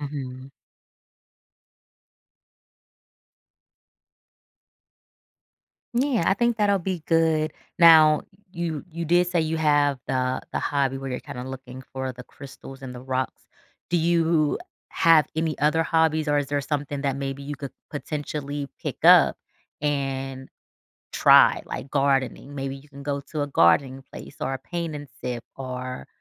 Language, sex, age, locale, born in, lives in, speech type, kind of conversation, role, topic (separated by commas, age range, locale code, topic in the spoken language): English, female, 35-39, en-US, United States, United States, conversation, advice, advisor, How can I feel less lonely when I'm so busy?